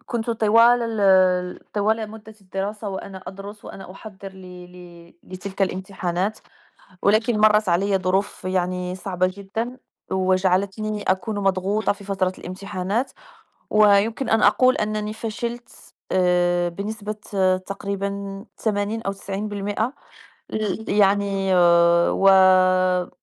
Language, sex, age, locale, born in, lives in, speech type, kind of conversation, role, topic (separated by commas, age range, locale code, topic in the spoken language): Arabic, female, 35-39, ar-MA, Morocco, France, conversation, unstructured, none, هل إنت شايف إن الامتحانات بتعكس مهارات الطالب الحقيقية؟